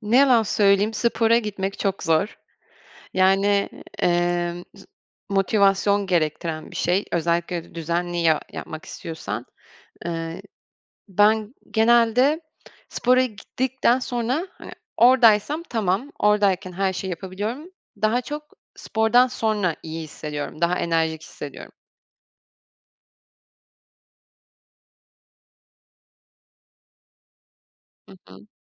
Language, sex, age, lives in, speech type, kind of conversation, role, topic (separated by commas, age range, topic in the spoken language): Turkish, female, 25-29, France, conversation, podcast, guest, Hobiler ruh sağlığına nasıl iyi gelir, somut bir örnek verebilir misiniz?